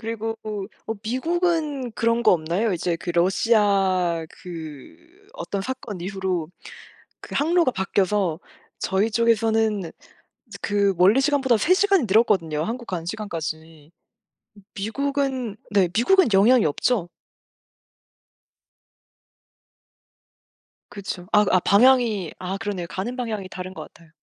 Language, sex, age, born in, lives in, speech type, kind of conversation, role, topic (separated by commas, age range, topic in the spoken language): Korean, female, 25-29, South Korea, France, conversation, unstructured, none, 가장 좋아하는 영화 장르는 무엇인가요?